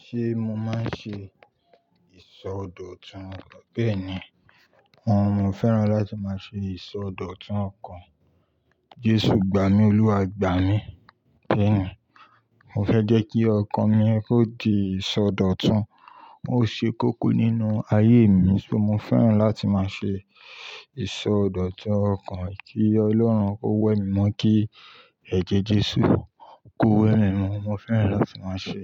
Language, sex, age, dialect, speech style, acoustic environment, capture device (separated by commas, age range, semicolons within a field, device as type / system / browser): Yoruba, male, 25-34, Nigeria - Ibadan, happy; relaxed, silence, mobile / Linux / Chrome